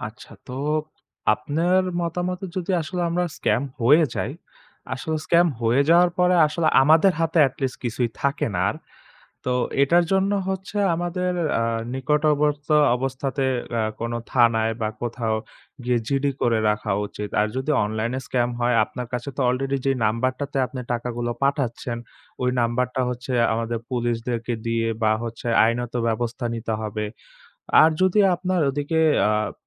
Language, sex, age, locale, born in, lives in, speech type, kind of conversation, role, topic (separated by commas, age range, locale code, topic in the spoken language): Bengali, male, 20-24, bn-BD, Bangladesh, Bangladesh, conversation, podcast, guest, অনলাইন স্ক্যামের শিকার হলে প্রথমে আপনি কী করবেন?